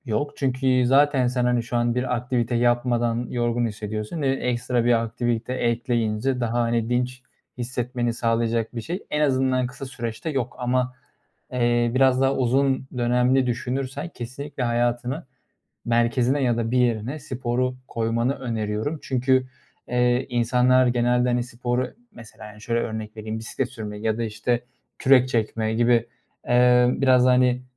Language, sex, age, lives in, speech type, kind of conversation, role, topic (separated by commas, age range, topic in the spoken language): Turkish, male, 25-29, Germany, conversation, advice, advisor, İş ve ev arasında sürekli meşgul hissederken nasıl daha rahat ve dinlenmiş hissedebilirim?